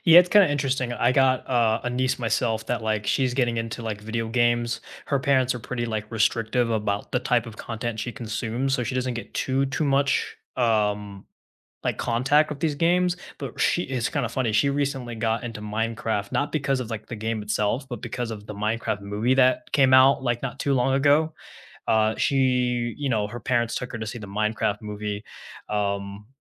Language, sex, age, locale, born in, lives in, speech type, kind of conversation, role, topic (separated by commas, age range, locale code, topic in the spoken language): English, male, 20-24, en-US, United States, United States, conversation, unstructured, none, Which video games do you two love playing together after dinner, and how do they bring you closer?